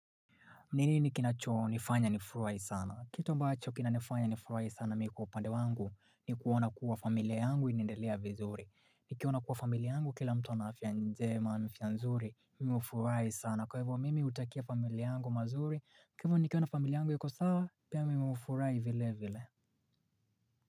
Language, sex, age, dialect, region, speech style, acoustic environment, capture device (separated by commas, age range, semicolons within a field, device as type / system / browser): Swahili, male, 25-34, Kenya - Mombasa, Kenya, relaxed, silence, mobile / Linux / Chrome